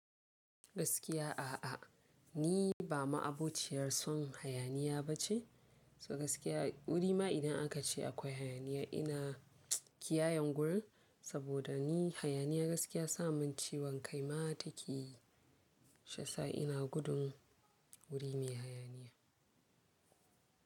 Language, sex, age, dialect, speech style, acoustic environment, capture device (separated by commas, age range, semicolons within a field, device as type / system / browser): Hausa, female, 18-24, Nigeria - Kano, focused, people talking; silence, mobile / Linux / Chrome